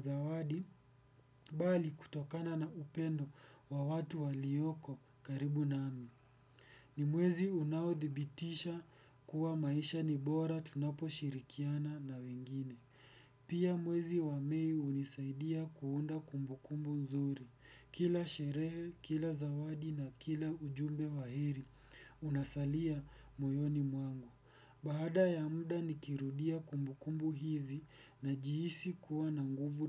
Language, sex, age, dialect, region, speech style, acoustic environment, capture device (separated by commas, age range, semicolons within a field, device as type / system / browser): Swahili, male, 18-24, Kenya - Nairobi Sheng-influenced, Kenya, focused, appliances, desktop / Windows / Edge